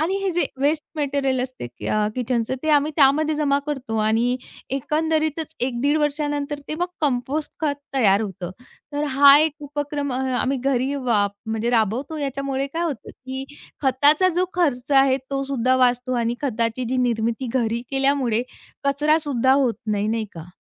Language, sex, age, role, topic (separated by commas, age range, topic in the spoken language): Marathi, female, 35-39, guest, आमच्या बाल्कनीत भाज्या पिकवण्याचा अनुभव कसा आहे?